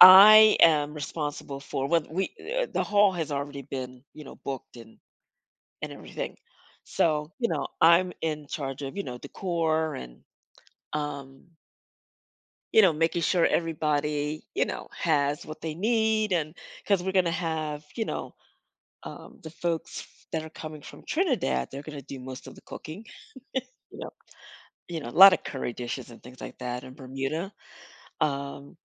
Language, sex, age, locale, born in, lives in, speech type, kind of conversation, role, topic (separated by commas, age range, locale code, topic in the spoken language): English, female, 55-59, en-US, United States, United States, conversation, advice, user, How should I plan a surprise party?